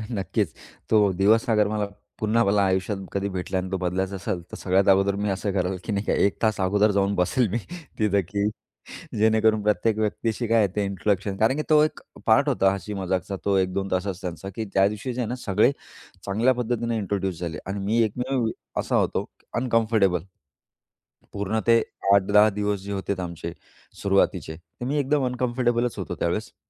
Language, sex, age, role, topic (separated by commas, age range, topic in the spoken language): Marathi, male, 35-39, guest, पहिल्या नोकरीच्या पहिल्या दिवशी तुम्हाला कसा अनुभव आला?